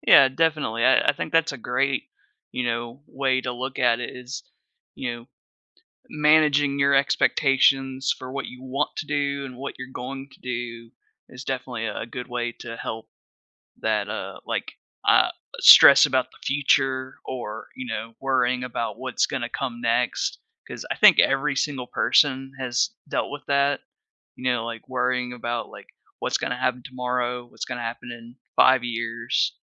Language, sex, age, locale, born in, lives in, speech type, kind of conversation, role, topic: English, male, 30-34, en-US, United States, United States, conversation, podcast, host, How can building daily habits help us handle stress more effectively?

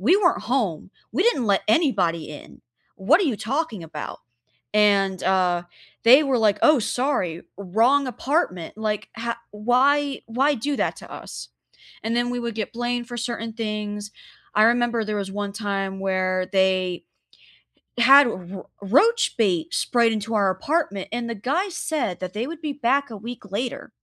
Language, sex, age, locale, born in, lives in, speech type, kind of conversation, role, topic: English, female, 20-24, en-US, United States, United States, conversation, unstructured, none, What renter-friendly fixes have you used that look custom but remove cleanly?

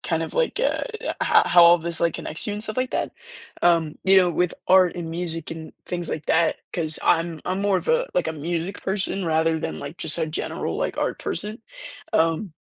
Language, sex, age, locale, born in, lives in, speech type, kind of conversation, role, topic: English, male, 18-19, en-US, United States, United States, conversation, podcast, host, Why do creative expressions like art and music help people connect and understand each other?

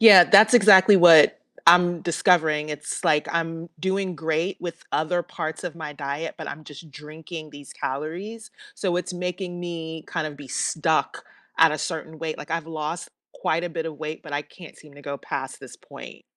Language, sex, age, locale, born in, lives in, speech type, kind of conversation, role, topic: English, female, 50-54, en-US, United States, United States, conversation, unstructured, none, How can we add simple movement and play to feel more connected?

